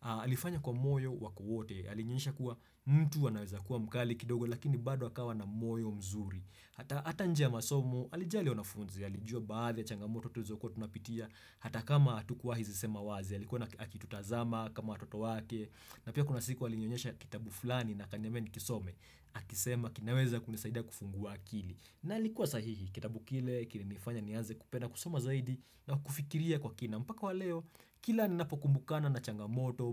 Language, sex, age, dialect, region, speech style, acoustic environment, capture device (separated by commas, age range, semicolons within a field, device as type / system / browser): Swahili, male, 25-34, Kenya - Nairobi Sheng-influenced, Kenya, happy; excited; focused; relaxed, silence, desktop / Windows / Chrome